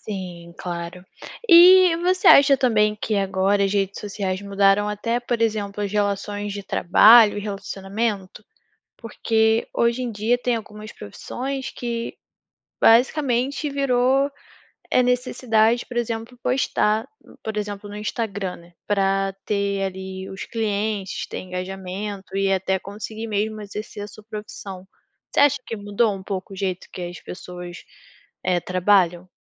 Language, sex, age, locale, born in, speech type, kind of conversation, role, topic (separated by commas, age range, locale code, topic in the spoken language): Portuguese, female, 20-24, pt-BR, Brazil, conversation, podcast, host, Qual foi o impacto das redes sociais na sua criação?